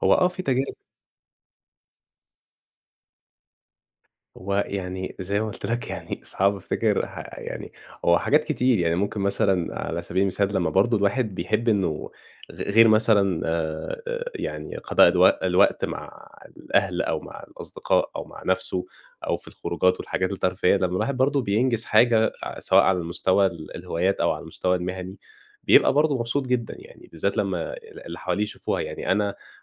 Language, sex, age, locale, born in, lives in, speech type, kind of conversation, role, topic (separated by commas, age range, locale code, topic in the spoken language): Arabic, male, 20-24, ar-EG, Egypt, Egypt, conversation, podcast, guest, إيه أحلى تجربة قضّيت فيها وقتك وإنت مستمتع؟